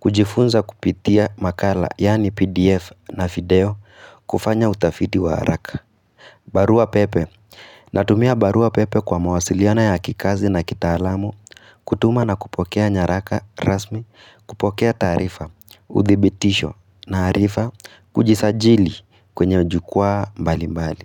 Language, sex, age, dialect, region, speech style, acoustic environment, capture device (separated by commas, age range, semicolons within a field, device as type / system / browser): Swahili, female, 18-24, Kenya - Nairobi Sheng-influenced, Kenya, relaxed, silence, mobile / Linux / Chrome